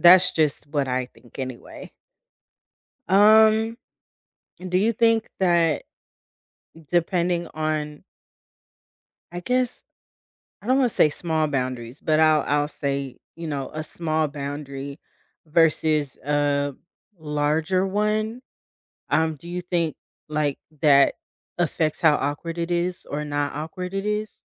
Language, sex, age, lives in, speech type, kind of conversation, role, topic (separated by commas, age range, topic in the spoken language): English, female, 30-34, United States, conversation, unstructured, none, How do you set small boundaries without making things feel awkward?